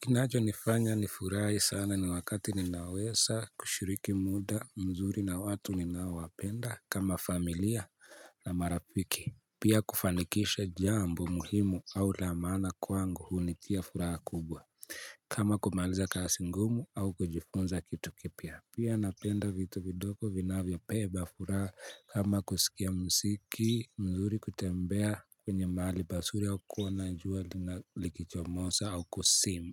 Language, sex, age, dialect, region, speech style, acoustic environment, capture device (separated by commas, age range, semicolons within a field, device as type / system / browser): Swahili, male, 25-34, Kenya - Nairobi Sheng-influenced, Kenya, happy; focused; relaxed; excited, people talking; appliances; silence; nature, mobile / Linux / Chrome